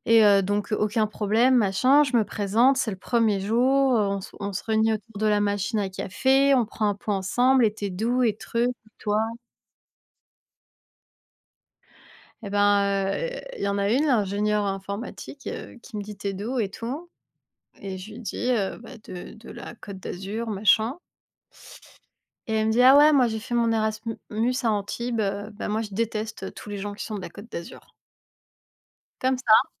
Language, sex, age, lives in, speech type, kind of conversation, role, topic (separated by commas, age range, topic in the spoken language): French, female, 30-34, France, conversation, unstructured, none, Que fais-tu quand quelqu’un ne respecte pas tes limites ?